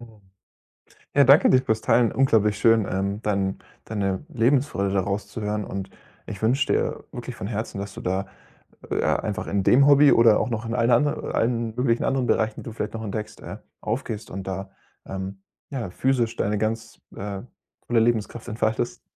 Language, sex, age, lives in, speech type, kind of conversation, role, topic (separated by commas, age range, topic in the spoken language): German, male, 25-29, Germany, conversation, podcast, host, Wie verbindest du Kreativität und Entspannung?